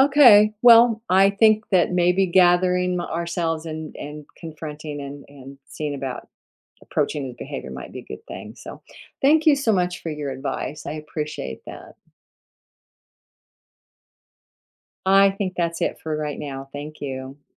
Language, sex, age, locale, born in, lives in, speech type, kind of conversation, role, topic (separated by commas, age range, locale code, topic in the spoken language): English, female, 70-74, en-US, United States, United States, conversation, advice, user, How can I set boundaries and stay calm when a family member is rude at gatherings?